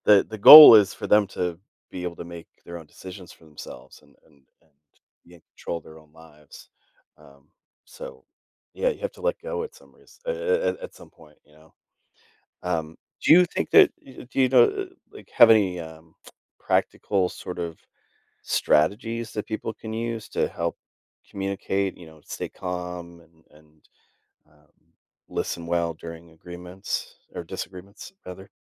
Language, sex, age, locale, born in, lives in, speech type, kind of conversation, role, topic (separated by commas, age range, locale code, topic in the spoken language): English, male, 40-44, en-US, United States, United States, conversation, podcast, host, What are some effective ways families can resolve conflicts and strengthen their relationships?